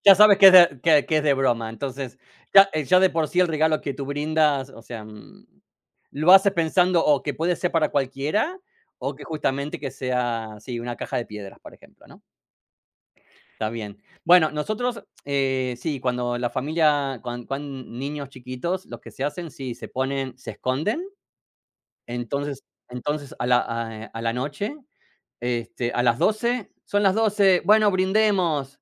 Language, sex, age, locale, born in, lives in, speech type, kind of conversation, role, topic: Spanish, male, 45-49, es-AR, Argentina, United States, conversation, unstructured, none, ¿Cómo celebran las festividades religiosas en tu familia?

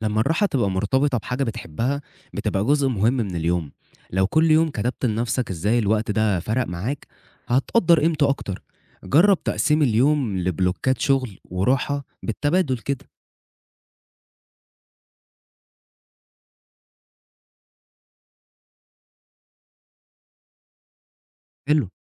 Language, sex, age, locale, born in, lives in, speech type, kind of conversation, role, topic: Arabic, male, 20-24, ar-EG, Egypt, Egypt, read, expert-assistant, expert, إيه الخطوات اللي ممكن أعملها عشان أعيش حياة صحية أكتر وسط زحمة الشغل وضغوط الحياة؟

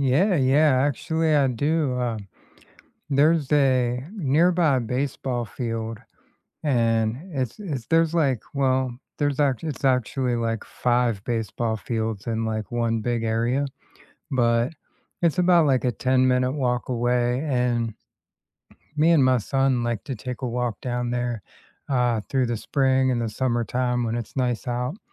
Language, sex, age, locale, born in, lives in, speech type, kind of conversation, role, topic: English, male, 40-44, en-US, United States, United States, conversation, unstructured, none, What local walking routes or neighborhoods do you love to wander, and what makes them special?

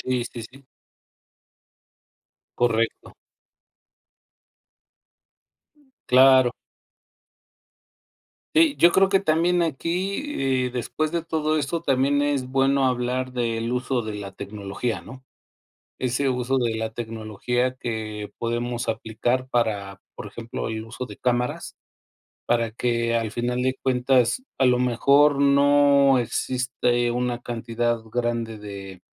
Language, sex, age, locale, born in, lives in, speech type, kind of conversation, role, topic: Spanish, male, 50-54, es-MX, Mexico, Mexico, conversation, unstructured, none, ¿Cómo mejorarías los espacios públicos para que todas las personas los disfruten?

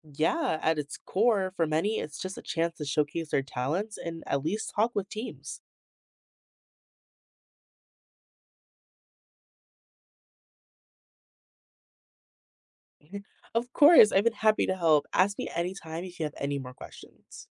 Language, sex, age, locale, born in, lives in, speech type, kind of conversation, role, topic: English, female, 18-19, en-US, United States, United States, read, expert-assistant, expert, Explain how the NFL combine works.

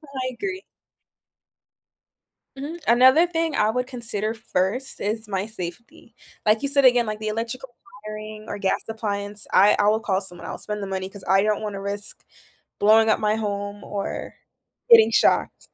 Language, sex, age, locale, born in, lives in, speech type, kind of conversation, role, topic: English, female, 20-24, en-US, Jamaica, United States, conversation, unstructured, none, How do you decide what to do yourself and when to call a professional?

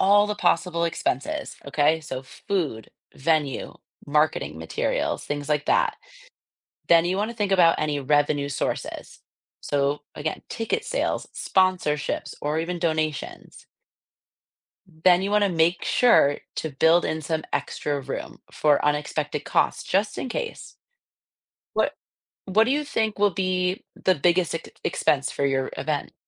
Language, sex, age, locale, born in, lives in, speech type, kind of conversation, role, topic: English, female, 30-34, en-US, United States, United States, read, expert-assistant, expert, What are the key steps in organizing a community fundraising event?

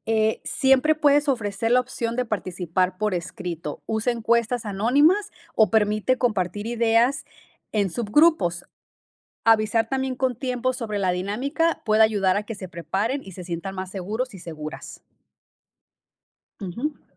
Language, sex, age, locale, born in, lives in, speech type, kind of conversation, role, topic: Spanish, female, 30-34, es-MX, Mexico, United States, read, expert-assistant, expert, ¿Cómo puedo mejorar mi empatía y comunicación al interactuar con personas de diferentes contextos culturales?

